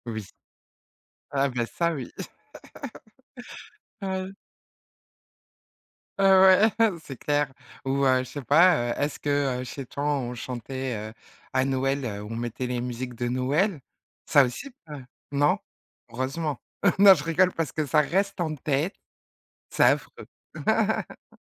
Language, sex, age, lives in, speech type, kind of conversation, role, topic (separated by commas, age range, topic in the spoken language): French, female, 40-44, France, conversation, podcast, host, Quelle chanson te ramène instantanément à ton enfance ?